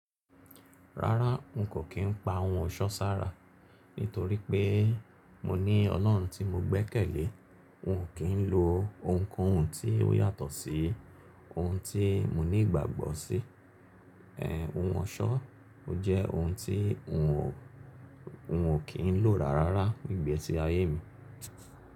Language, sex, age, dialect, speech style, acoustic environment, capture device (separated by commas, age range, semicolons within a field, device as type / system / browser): Yoruba, male, 35-44, Nigeria - Lagos Yoruba, relaxed; focused, silence, mobile / Linux / Chrome